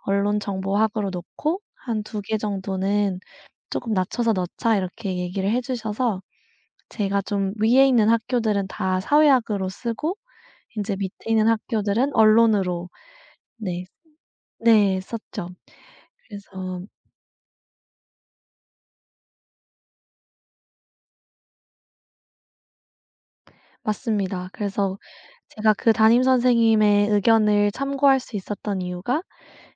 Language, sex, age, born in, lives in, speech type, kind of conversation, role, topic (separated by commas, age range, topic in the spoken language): Korean, female, 25-29, South Korea, United States, conversation, podcast, guest, 대학과 전공은 어떤 기준으로 고민해서 선택하셨나요?